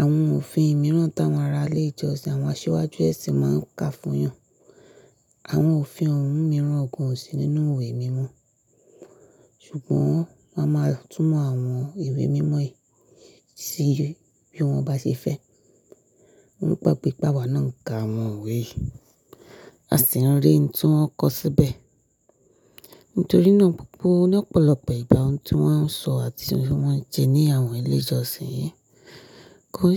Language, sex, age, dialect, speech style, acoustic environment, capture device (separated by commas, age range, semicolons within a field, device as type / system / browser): Yoruba, female, 25-34, Nigeria - Nigerian Standard, focused, appliances, mobile / Linux / Chrome